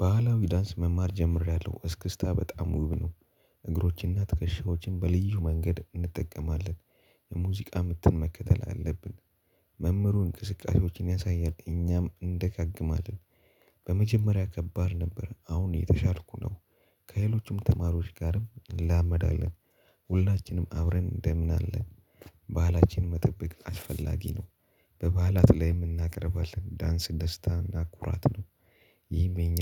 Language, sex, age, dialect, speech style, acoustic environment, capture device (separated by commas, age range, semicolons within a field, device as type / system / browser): Amharic, male, 18-24, Ethiopia - Addis Ababa, happy, people talking, mobile / Linux / Chrome